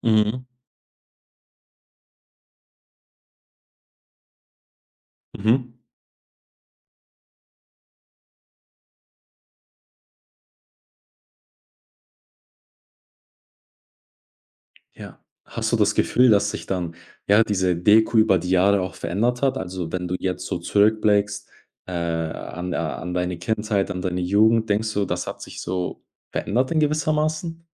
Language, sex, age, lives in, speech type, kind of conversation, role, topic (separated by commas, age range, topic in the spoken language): German, male, 20-24, Germany, conversation, podcast, host, Wie kombinierst du bei einem Festessen Tradition und neue Ideen?